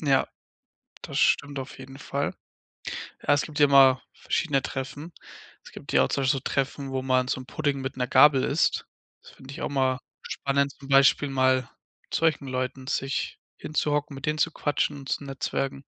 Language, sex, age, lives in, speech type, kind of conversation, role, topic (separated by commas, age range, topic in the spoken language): German, male, 20-24, Germany, conversation, podcast, host, Wie nutzt du deine Nachbarschaft oder lokale Gruppen, um Kontakte zu knüpfen und zu pflegen?